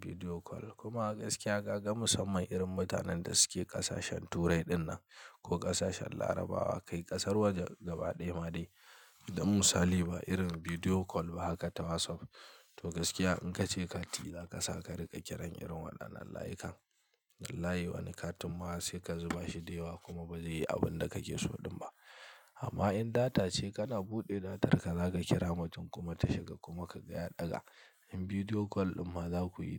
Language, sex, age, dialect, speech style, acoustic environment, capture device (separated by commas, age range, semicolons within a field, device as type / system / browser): Hausa, male, 25-34, Nigeria - Kano, relaxed; stressed, silence, mobile / Linux / Chrome